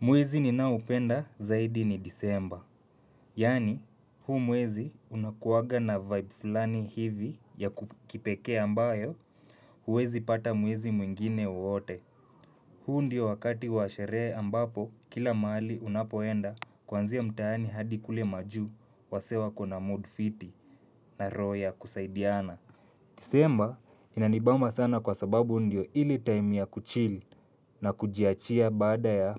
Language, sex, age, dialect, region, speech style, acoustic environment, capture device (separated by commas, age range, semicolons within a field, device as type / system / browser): Swahili, male, 25-34, Kenya - Nairobi Sheng-influenced, Kenya, relaxed, nature, mobile / Linux / Chrome